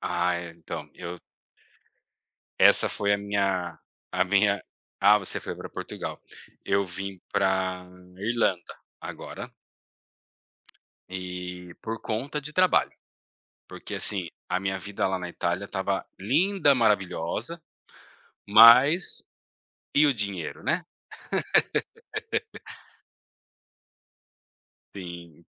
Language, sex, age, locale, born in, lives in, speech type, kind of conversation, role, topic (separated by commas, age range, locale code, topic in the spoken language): Portuguese, male, 35-39, pt-BR, Brazil, Italy, conversation, unstructured, none, Qual foi a aventura mais inesperada que você já viveu?